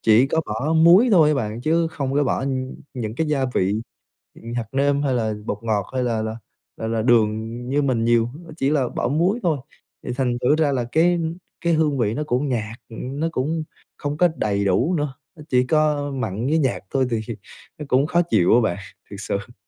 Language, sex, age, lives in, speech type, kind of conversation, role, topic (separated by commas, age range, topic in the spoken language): Vietnamese, male, 20-24, Vietnam, conversation, podcast, guest, Bạn đã bao giờ cảm thấy lạc lõng khi phải sống giữa hai nền văn hóa chưa?